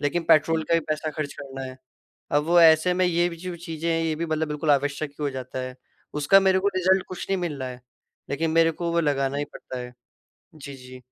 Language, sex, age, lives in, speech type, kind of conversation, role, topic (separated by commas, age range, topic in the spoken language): Hindi, male, 18-19, India, conversation, advice, user, खर्च घटाकर बचत करते समय आपको कब दिक्कत और असंतोष महसूस होता है?